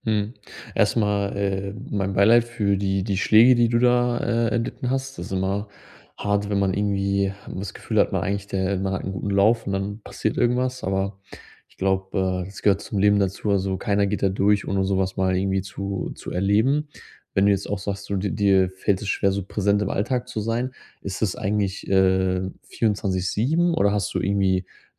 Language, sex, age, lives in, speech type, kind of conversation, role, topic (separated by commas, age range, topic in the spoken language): German, male, 30-34, Germany, conversation, advice, advisor, Wie kann ich trotz ablenkender Gedanken und Sorgen im Alltag präsent bleiben?